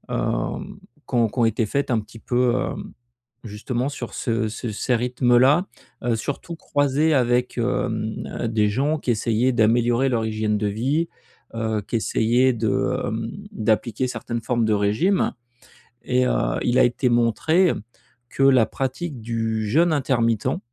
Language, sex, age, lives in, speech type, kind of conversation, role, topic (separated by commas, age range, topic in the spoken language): French, male, 45-49, France, conversation, advice, advisor, Comment puis-je mieux équilibrer mon travail et mes loisirs ?